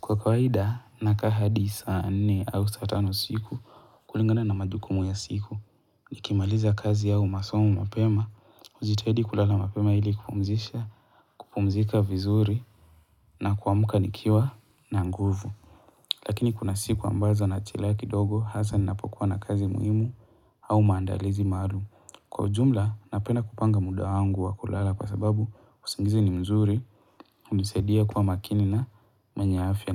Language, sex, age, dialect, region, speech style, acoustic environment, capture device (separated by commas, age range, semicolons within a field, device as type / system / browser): Swahili, male, 18-24, Kenya - Mombasa, Kenya, relaxed, nature, mobile / Linux / Chrome